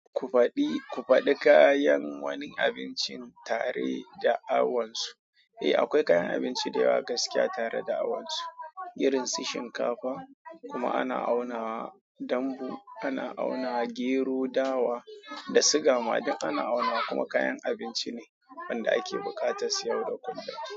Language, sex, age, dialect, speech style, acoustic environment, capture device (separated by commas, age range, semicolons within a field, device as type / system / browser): Hausa, male, 25-34, Nigeria - Kano, happy; excited, people talking; silence, mobile / Android / Chrome